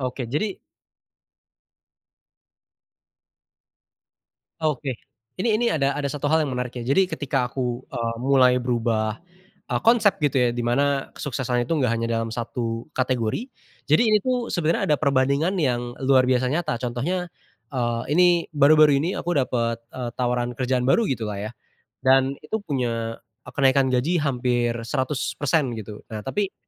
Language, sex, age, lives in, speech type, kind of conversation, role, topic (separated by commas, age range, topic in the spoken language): Indonesian, male, 20-24, Indonesia, conversation, podcast, guest, Apa arti sukses menurutmu sekarang, dan apakah berbeda dari dulu?